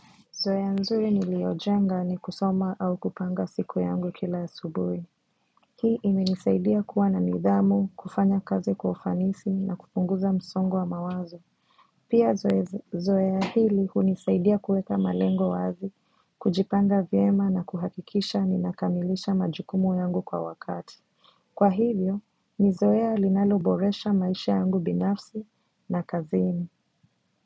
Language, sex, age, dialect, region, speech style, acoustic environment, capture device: Swahili, female, 25-34, Kenya - Mombasa, Kenya, relaxed, silence, mobile / macOS / Safari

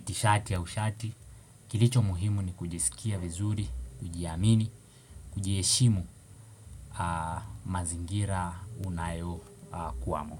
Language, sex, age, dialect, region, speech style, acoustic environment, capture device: Swahili, male, 18-24, Kenya - Mombasa, Kenya, focused, appliances, desktop / Windows / Chrome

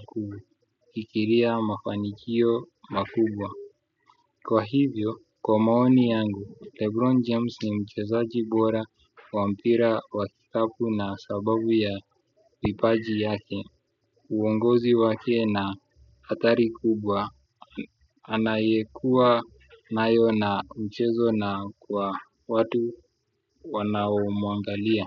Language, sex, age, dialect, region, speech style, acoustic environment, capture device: Swahili, male, 25-34, Kenya - Nairobi Sheng-influenced, Kenya, excited, music, desktop / Windows / Chrome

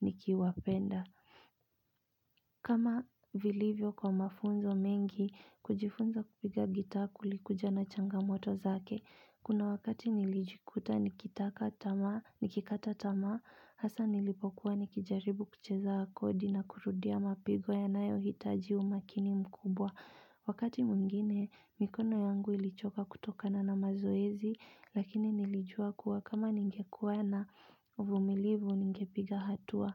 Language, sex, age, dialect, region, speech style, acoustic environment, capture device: Swahili, female, 25-34, Kenya - Nairobi Sheng-influenced, Kenya, relaxed, silence, mobile / Linux / Chrome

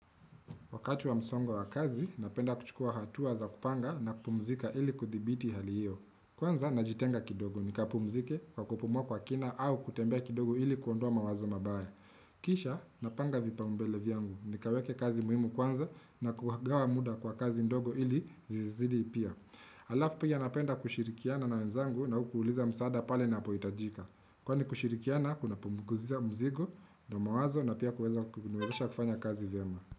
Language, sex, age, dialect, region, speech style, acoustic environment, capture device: Swahili, male, 25-34, Kenya - Nairobi Sheng-influenced, Kenya, relaxed, silence, desktop / Windows / Chrome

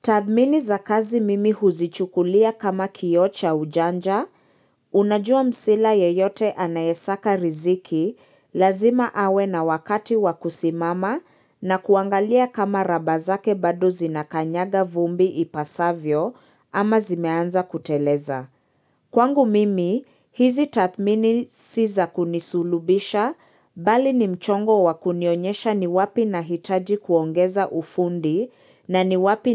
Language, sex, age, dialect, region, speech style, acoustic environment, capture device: Swahili, female, 25-34, Kenya - Nairobi Sheng-influenced, Kenya, focused, silence, mobile / Linux / Chrome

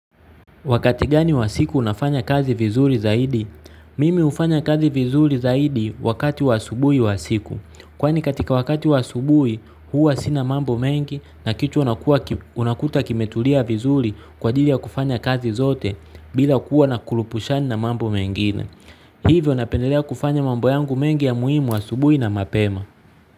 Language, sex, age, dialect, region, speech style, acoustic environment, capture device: Swahili, male, 25-34, Tanzania - Dar es Salaam, Tanzania, focused, nature, mobile / Linux / Chrome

